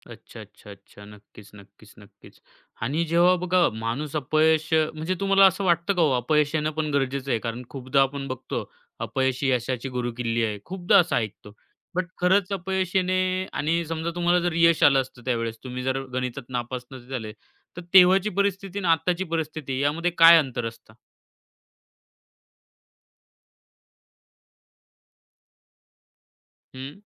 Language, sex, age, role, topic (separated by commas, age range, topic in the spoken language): Marathi, male, 25-29, host, परीक्षेत अपयश आल्यानंतर त्या अनुभवातून काय शिकणं गरजेचं आहे?